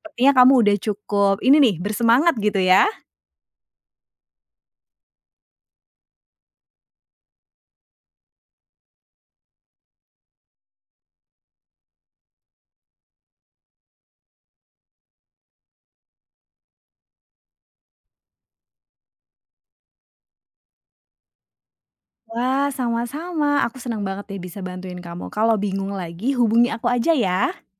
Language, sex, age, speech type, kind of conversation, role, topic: Indonesian, female, 30-34, conversation, advice, advisor, Bagaimana saya memilih antara angkat beban dan latihan kardio sesuai dengan tujuan bentuk tubuh saya?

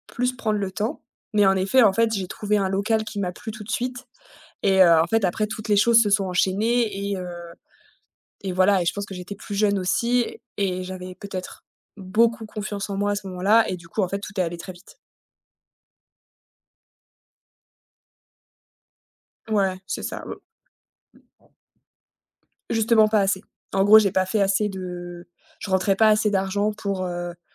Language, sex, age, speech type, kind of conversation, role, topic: French, female, 30-34, conversation, podcast, guest, Comment travailles-tu ta confiance en toi ?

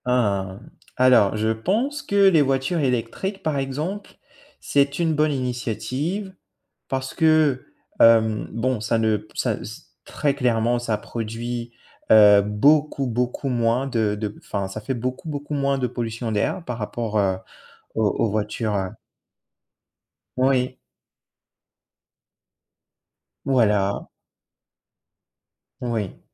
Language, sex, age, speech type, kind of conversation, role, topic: French, male, 25-29, conversation, unstructured, none, Que pensez-vous de la pollution dans nos villes aujourd’hui ?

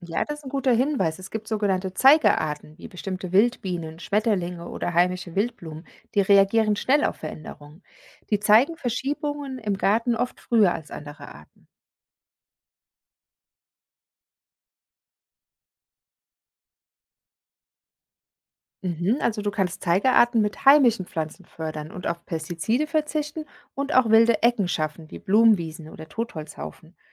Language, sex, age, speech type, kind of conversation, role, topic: German, female, 40-44, read, expert-assistant, expert, Was hilft mir dabei, echte Zusammenhänge in der Natur zu entdecken, statt nur einzelne Infos zu sammeln?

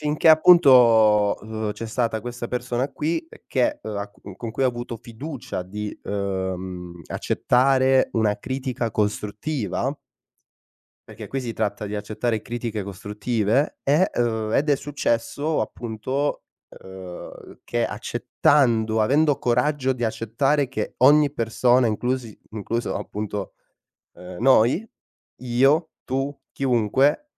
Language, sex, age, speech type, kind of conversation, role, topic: Italian, male, 25-29, conversation, podcast, guest, Come fai a bilanciare la crescita personale con la vita quotidiana?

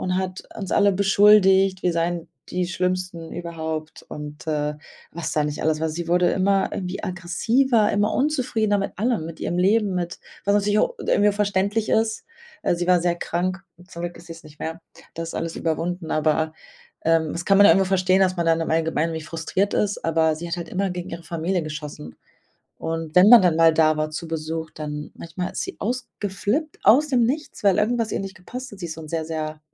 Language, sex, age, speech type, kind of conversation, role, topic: German, female, 25-29, conversation, advice, user, Wie kann ich den Kontaktabbruch zu einem Familienmitglied beschreiben und damit umgehen?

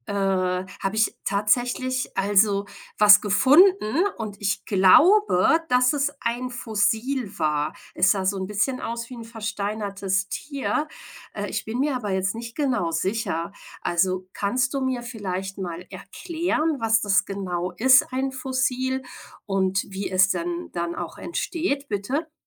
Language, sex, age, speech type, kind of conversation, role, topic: German, female, 40-44, read, expert-assistant, asker, Was ist ein Fossil?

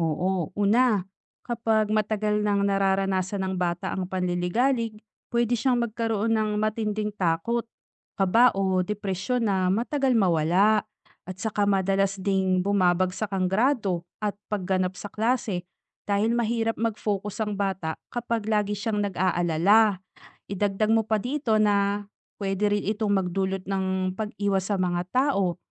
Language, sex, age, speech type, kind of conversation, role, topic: Filipino, female, 35-39, read, expert-assistant, expert, Ano ang epekto ng panliligalig o bullying sa paaralan?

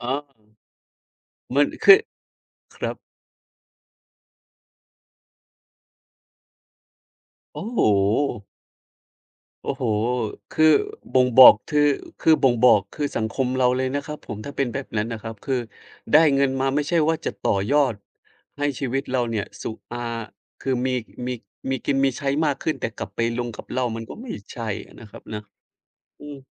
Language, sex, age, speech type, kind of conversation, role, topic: Thai, male, 30-34, conversation, unstructured, none, เงินมีความสำคัญในชีวิตคุณอย่างไรบ้าง?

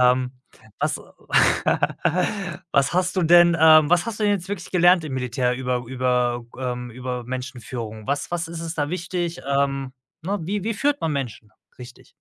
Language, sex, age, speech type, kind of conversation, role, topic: German, male, 35-39, conversation, podcast, host, Was bedeutet für dich gute Führung?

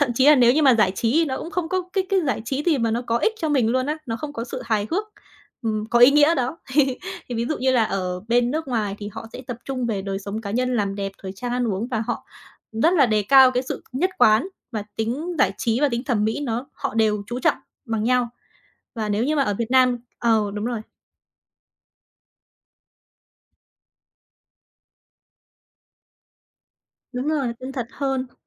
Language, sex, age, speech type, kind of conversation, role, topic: Vietnamese, female, 20-24, conversation, podcast, guest, Bạn thấy những điểm khác biệt nào giữa influencer ở Việt Nam và influencer ở nước ngoài?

